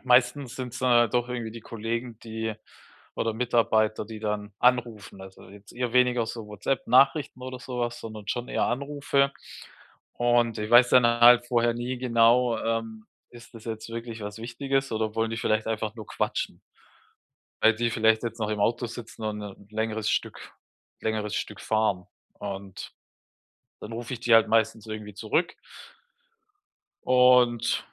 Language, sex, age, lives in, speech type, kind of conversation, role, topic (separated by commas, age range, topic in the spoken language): German, male, 35-39, Germany, conversation, advice, user, Wie kann ich zu Hause beim Entspannen Ablenkungen reduzieren?